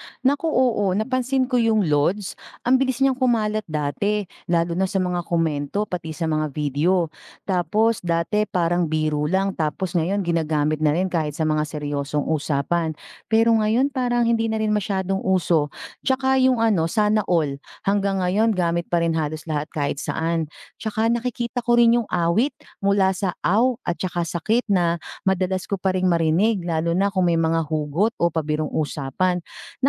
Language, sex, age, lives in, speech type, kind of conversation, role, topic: Filipino, female, 40-44, Philippines, read, expert-assistant, asker, Paano nagbabago ang wika sa social media?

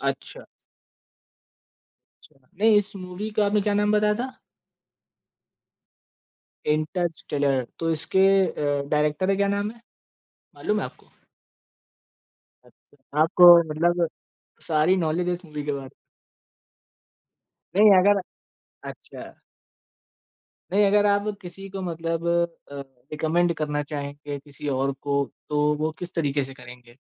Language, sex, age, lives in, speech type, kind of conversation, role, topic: Hindi, male, 18-19, India, conversation, podcast, host, आपकी सबसे पसंदीदा फ़िल्म कौन-सी है?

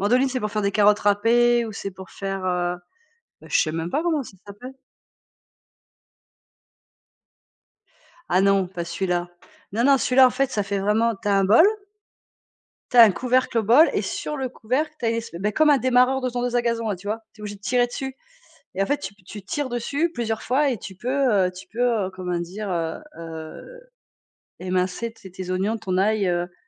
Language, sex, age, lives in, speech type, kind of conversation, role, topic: French, female, 45-49, France, conversation, unstructured, none, Comment la technologie a-t-elle transformé votre façon de cuisiner ?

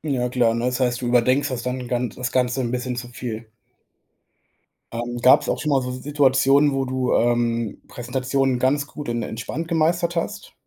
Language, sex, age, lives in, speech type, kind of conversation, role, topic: German, male, 25-29, Germany, conversation, advice, advisor, Wie gehst du mit deiner Angst vor einer wichtigen Präsentation oder Rede um?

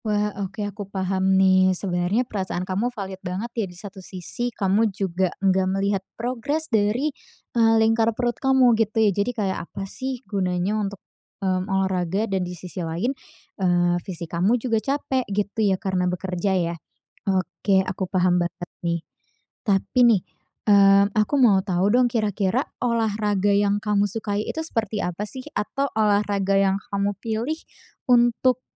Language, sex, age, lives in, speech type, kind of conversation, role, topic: Indonesian, female, 20-24, Indonesia, conversation, advice, advisor, Apa yang membuat Anda tidak konsisten berolahraga atau menjaga rutinitas kesehatan?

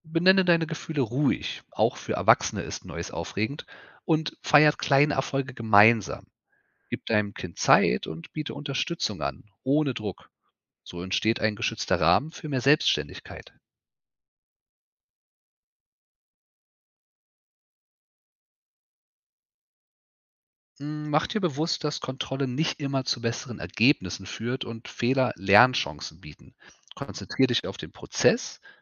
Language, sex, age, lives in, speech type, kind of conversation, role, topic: German, male, 35-39, Germany, read, expert-assistant, expert, Wie können Eltern ihre Kinder unterstützen, selbstbewusst zu werden, ohne zu viel Kontrolle auszuüben?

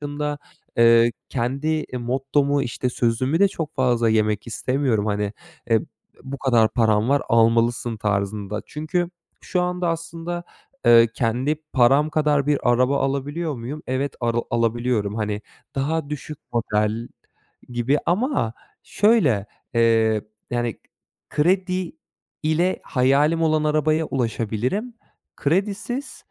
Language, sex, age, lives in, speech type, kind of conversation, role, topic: Turkish, male, 25-29, Germany, conversation, advice, user, Büyük kararlar verirken yaşadığınız aşırı kaygı ve kararsızlığı nasıl tarif edersiniz?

